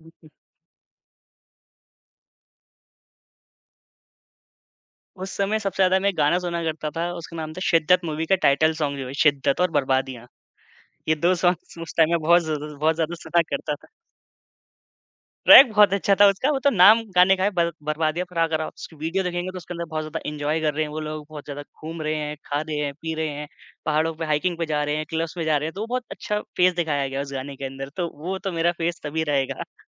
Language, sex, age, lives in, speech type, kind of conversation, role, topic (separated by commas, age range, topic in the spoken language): Hindi, male, 25-29, India, conversation, podcast, guest, Apni zindagi ka soundtrack kaunsa hoga?